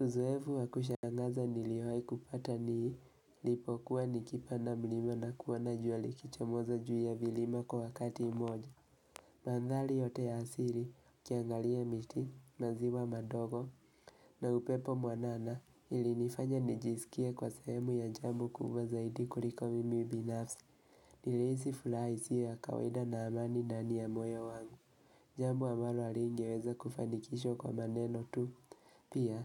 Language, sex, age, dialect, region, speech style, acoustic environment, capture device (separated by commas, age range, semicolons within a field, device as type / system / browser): Swahili, male, 18-24, Kenya - Nairobi Sheng-influenced, Kenya, relaxed, nature, mobile / Linux / Chrome